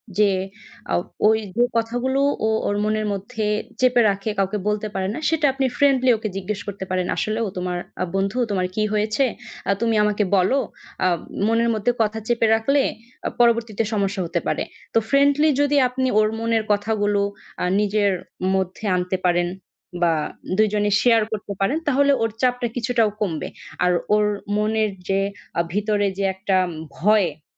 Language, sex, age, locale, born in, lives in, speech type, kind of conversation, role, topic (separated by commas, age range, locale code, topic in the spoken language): Bengali, female, 20-24, bn-BD, Bangladesh, Bangladesh, conversation, advice, advisor, আমি কীভাবে নিজের আসল স্বভাব প্রকাশ করেও সবাইকে খুশি রাখতে পারি?